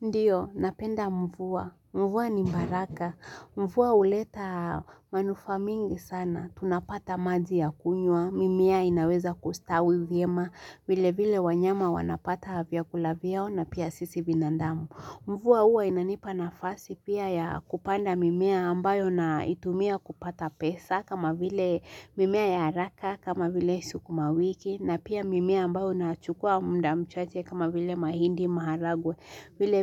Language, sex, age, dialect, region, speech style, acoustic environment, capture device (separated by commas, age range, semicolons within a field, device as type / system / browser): Swahili, female, 18-24, Kenya - Nairobi Sheng-influenced, Kenya, relaxed, silence, mobile / Linux / Chrome